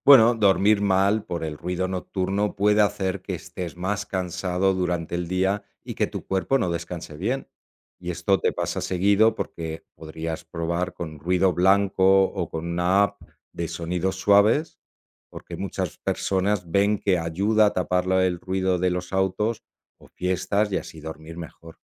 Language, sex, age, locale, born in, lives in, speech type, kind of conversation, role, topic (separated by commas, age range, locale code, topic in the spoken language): Spanish, male, 45-49, es-ES, Spain, Italy, read, expert-assistant, expert, ¿Cómo afecta el ruido excesivo a la salud humana?